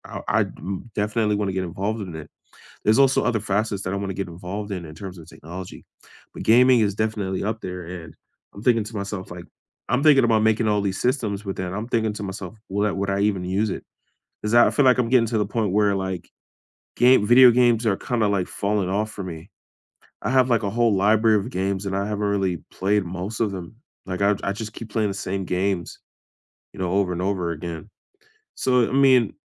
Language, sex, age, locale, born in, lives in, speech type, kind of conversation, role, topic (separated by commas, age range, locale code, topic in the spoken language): English, male, 25-29, en-US, United States, United States, conversation, unstructured, none, Where do you see yourself in five years?